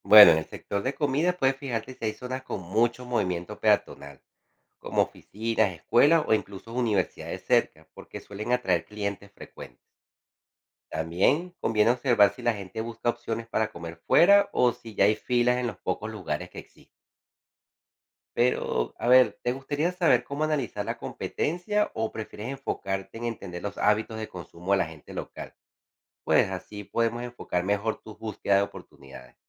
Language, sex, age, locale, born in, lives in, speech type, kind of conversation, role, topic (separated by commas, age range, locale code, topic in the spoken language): Spanish, male, 40-44, es-CO, Venezuela, United States, read, expert-assistant, expert, ¿Cómo identificar si una ciudad tiene potencial para abrir un negocio?